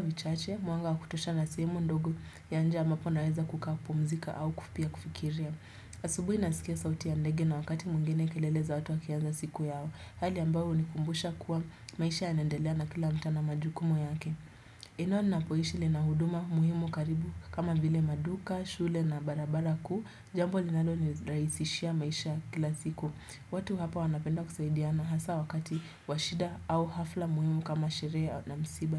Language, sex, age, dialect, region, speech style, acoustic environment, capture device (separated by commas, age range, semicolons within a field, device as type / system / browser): Swahili, female, 18-24, Kenya - Nairobi Sheng-influenced, Kenya, relaxed; focused, people talking; music; appliances; construction, desktop / Windows / Chrome